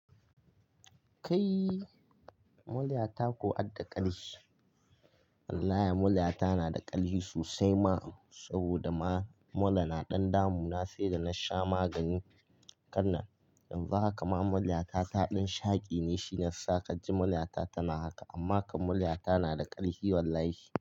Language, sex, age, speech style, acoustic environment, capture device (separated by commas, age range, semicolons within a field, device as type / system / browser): Hausa, male, 18-24, focused; relaxed, silence, mobile / Linux / Chrome